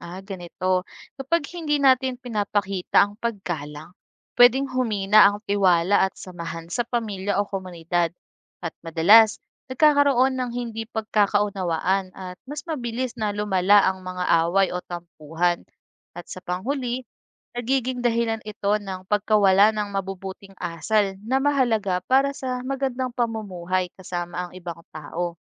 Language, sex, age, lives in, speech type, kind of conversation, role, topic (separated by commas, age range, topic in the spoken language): Filipino, female, 25-29, Philippines, read, expert-assistant, expert, Bakit mahalaga ang paggalang sa mga magulang at nakatatanda?